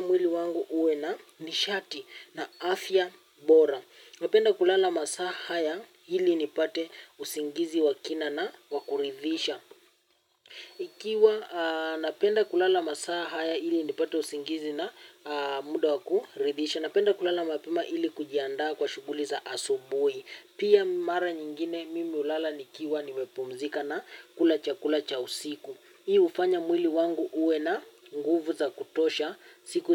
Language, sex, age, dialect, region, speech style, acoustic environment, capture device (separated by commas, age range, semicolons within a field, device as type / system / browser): Swahili, male, 18-24, Kenya - Nairobi Sheng-influenced, Kenya, happy, nature, mobile / Linux / Chrome